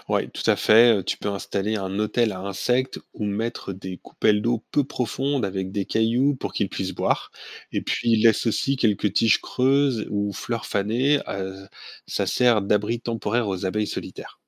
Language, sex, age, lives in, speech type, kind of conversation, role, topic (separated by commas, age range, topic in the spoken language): French, male, 45-49, France, read, expert-assistant, expert, De quelles manières simples pourrions-nous aider les espèces locales à s’adapter à la ville ?